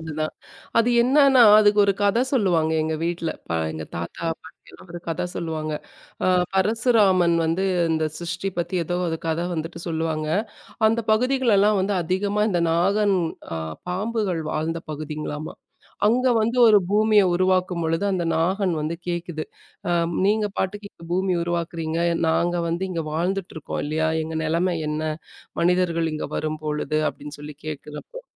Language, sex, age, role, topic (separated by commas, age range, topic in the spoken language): Tamil, female, 35-39, guest, உலகம் எவ்வளவு மாறினாலும் நாம் தொடர்ந்து கடைப்பிடிக்க வேண்டிய குடும்பப் பழக்கம் எது?